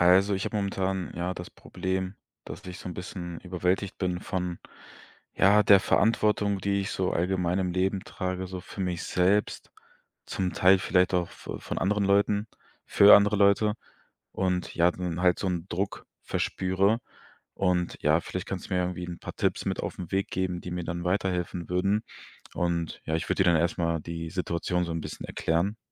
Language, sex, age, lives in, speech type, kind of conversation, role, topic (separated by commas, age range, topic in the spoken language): German, male, 25-29, Germany, conversation, advice, user, Wie kann ich als Gründer mit der überwältigenden Verantwortung und dem Druck umgehen?